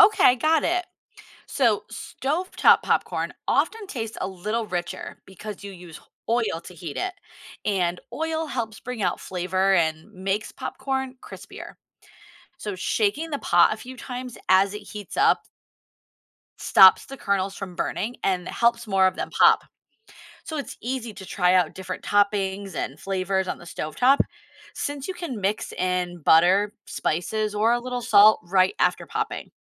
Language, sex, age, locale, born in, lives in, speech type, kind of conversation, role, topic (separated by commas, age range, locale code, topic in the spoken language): English, female, 35-39, en-US, United States, United States, read, expert-assistant, expert, How can you make perfect popcorn at home?